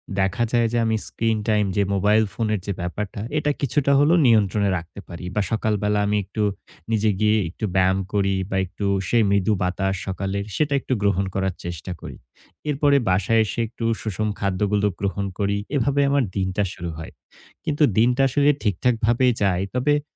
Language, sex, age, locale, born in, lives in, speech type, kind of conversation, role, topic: Bengali, male, 18-19, bn-BD, Bangladesh, Bangladesh, conversation, advice, user, ঘুমের আগে কীভাবে ফোন ও ট্যাবলেটের স্ক্রীন ব্যবহার কমাতে পারি?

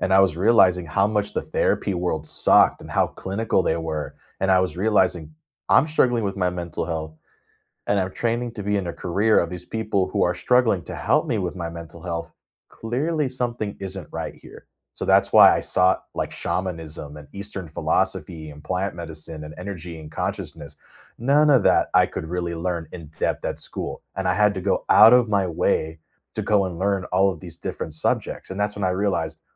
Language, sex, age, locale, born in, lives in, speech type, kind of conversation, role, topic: English, male, 30-34, en-US, United States, United States, conversation, unstructured, none, What routines, boundaries, and small hacks help you juggle homework, hobbies, and sleep during hectic school weeks?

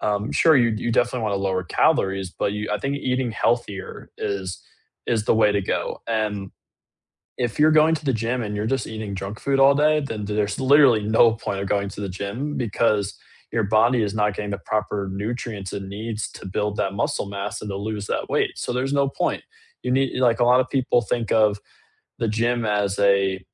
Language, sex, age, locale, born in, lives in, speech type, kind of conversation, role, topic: English, male, 18-19, en-US, United States, United States, conversation, unstructured, none, How does regular exercise affect your mood or stress levels?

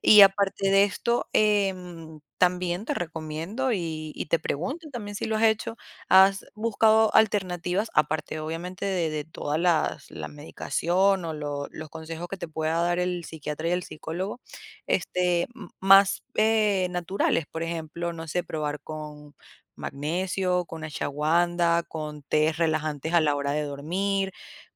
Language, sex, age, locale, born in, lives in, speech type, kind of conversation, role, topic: Spanish, female, 25-29, es-VE, Venezuela, United States, conversation, advice, advisor, ¿Sientes que dependes del alcohol o de pastillas para poder dormir?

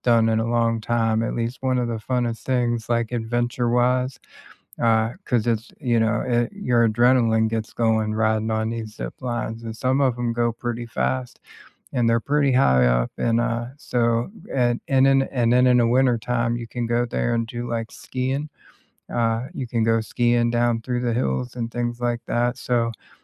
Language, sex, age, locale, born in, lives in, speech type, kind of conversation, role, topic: English, male, 40-44, en-US, United States, United States, conversation, unstructured, none, What weekend getaways within two hours do you recommend?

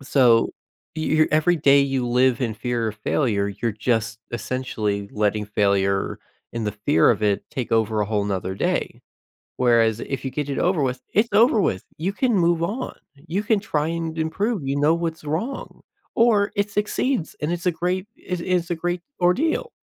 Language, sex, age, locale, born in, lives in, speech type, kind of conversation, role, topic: English, male, 20-24, en-US, United States, United States, conversation, podcast, guest, How can setbacks and mistakes help us grow and achieve our goals?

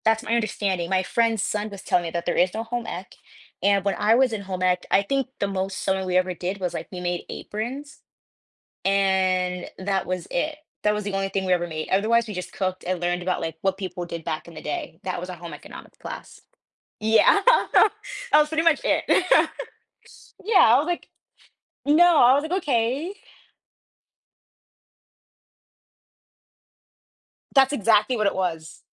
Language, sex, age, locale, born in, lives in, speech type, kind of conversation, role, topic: English, female, 25-29, en-US, United States, United States, conversation, podcast, host, How does the way we dress influence how we feel about ourselves?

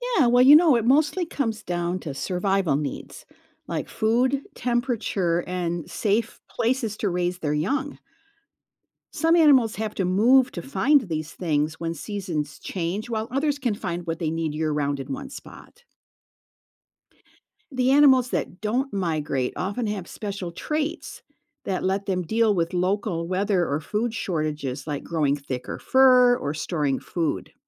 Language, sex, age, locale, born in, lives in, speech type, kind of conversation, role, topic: English, female, 65-69, en-US, United States, United States, read, expert-assistant, expert, Why do some animals migrate while others stay in one place?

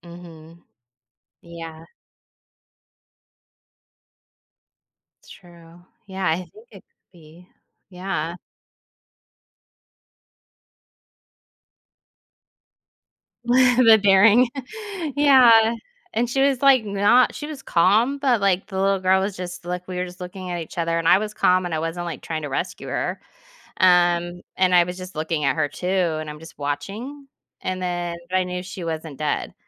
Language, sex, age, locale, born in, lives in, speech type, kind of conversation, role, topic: English, female, 40-44, en-US, United States, United States, conversation, advice, user, How can I manage daily responsibilities causing overwhelming stress?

